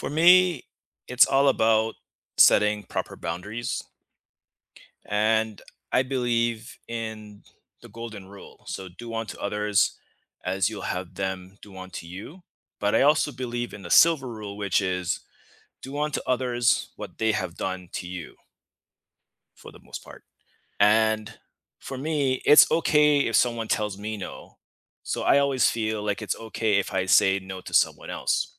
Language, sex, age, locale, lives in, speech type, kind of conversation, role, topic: English, male, 35-39, en-US, United States, conversation, unstructured, none, How can you say no without feeling guilty while keeping your relationships strong?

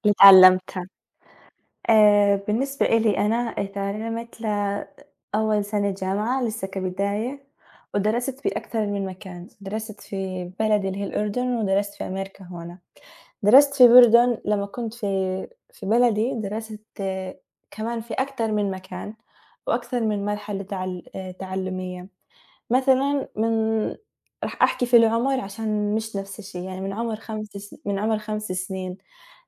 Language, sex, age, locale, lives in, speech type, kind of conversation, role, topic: Arabic, female, 18-19, ar-JO, United States, conversation, unstructured, none, إيه أحلى موقف حصل لك وإنت بتتعلم؟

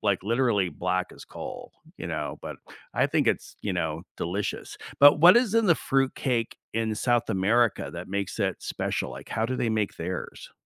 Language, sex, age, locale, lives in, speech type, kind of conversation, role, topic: English, male, 60-64, en-US, United States, conversation, unstructured, none, Which family or cultural tradition have you kept, why does it matter, and how does it shape you?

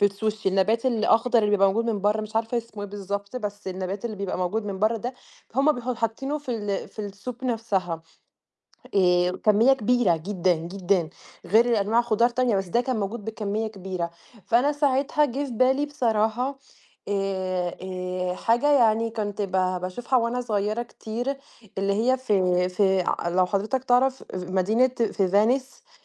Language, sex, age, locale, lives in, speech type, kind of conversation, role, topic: Arabic, female, 20-24, ar-EG, Italy, conversation, unstructured, none, إيه أسوأ أكلة جربتها، وليه ما عجبتك؟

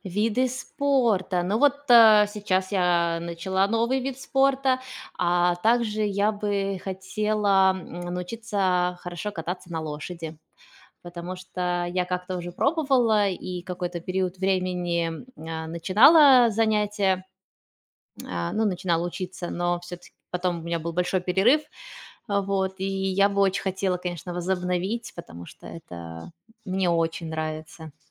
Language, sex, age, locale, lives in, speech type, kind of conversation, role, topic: Russian, female, 35-39, ru-RU, Spain, conversation, unstructured, none, Как ты видишь себя через десять лет?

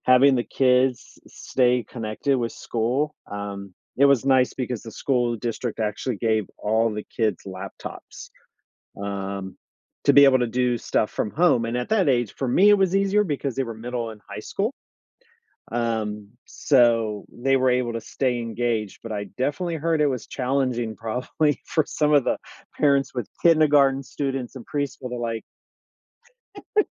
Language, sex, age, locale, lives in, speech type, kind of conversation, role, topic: English, male, 50-54, en-US, United States, conversation, unstructured, none, In what ways has technology changed your learning experiences?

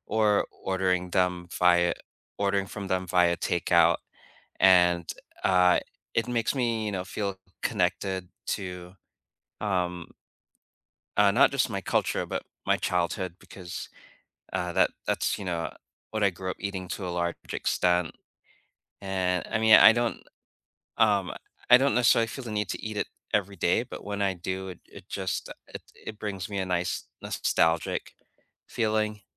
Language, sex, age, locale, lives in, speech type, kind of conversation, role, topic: English, male, 45-49, en-US, United States, conversation, unstructured, none, What everyday rituals, choices, or moments help you keep your cultural or spiritual traditions alive, and what do they mean to you?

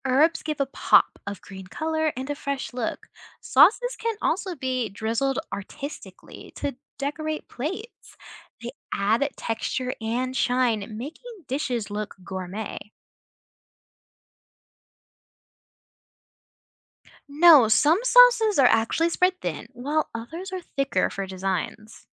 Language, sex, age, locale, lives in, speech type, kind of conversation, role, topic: English, other, 20-24, en-US, United States, read, expert-assistant, expert, What techniques do chefs use to make food visually appealing?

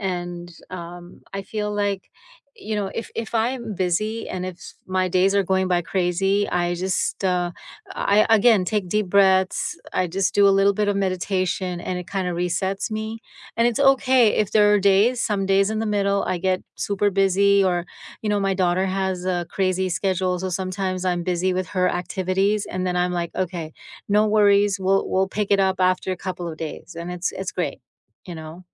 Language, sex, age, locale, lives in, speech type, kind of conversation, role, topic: English, female, 50-54, en-US, United States, conversation, unstructured, none, What is the best part of your morning routine, and what makes it meaningful to you?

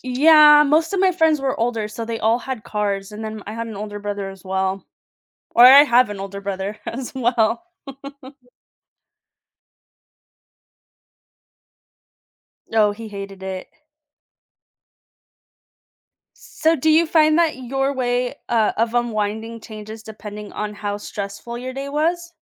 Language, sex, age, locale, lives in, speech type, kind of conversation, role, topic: English, female, 30-34, en-US, United States, conversation, unstructured, none, How do you find balance and relaxation after a busy day?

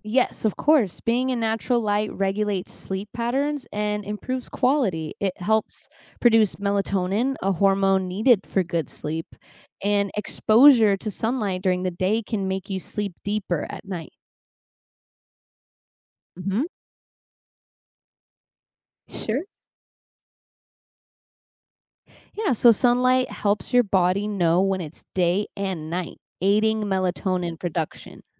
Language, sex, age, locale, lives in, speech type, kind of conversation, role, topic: English, female, 25-29, en-US, United States, read, expert-assistant, expert, What are the health benefits of spending time outdoors?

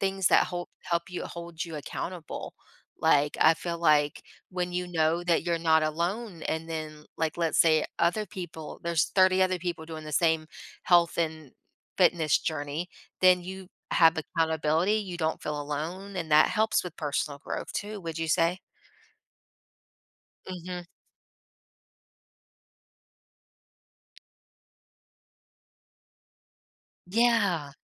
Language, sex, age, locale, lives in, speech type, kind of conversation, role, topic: English, female, 30-34, en-US, United States, conversation, unstructured, none, What motivates you to keep working toward your personal growth goals?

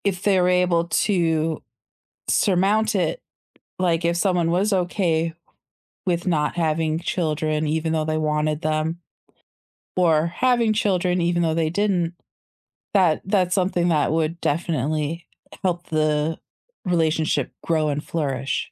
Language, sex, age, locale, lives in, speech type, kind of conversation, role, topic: English, female, 40-44, en-US, United States, conversation, unstructured, none, How can arguments actually help a couple grow closer?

